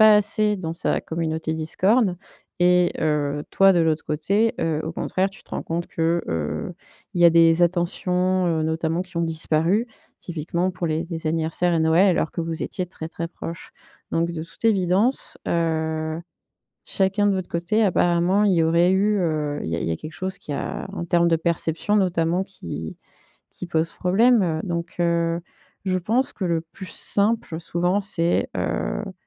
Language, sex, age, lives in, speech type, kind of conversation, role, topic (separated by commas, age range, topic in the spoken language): French, female, 30-34, France, conversation, advice, advisor, Comment puis-je m'engager dans ma communauté sans m'épuiser et sans perdre ma motivation ?